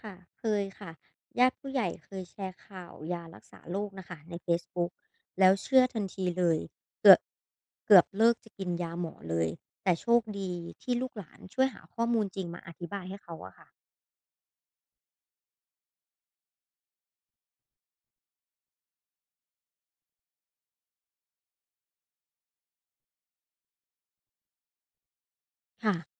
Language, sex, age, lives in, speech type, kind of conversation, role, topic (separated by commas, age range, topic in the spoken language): Thai, female, 25-29, Thailand, read, expert-assistant, asker, นักข่าวมีวิธีฝึกสังเกตข่าวจริงกับข่าวปลอมในชีวิตประจำวันยังไงบ้าง?